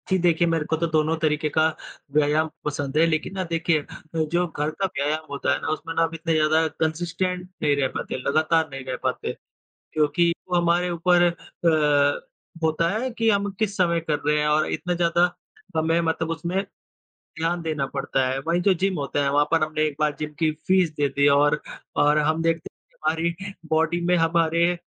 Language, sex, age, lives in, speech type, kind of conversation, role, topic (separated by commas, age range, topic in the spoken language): Hindi, female, 25-29, India, conversation, unstructured, none, व्यायाम करने से आपके जीवन में क्या बदलाव आए हैं?